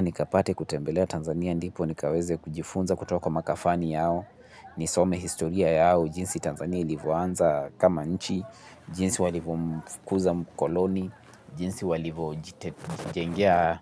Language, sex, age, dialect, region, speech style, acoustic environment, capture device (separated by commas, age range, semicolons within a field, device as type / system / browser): Swahili, male, 18-24, Kenya - Nairobi Sheng-influenced, Kenya, relaxed, people talking, mobile / Linux / Chrome